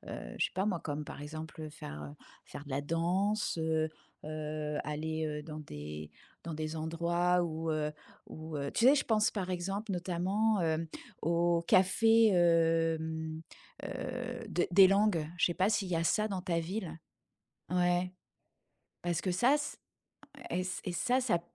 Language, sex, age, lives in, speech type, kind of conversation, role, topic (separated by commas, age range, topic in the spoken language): French, female, 45-49, France, conversation, advice, advisor, Comment vous êtes-vous adapté(e) à votre déménagement dans une nouvelle ville, et pourquoi est-il difficile d’y créer un réseau social ?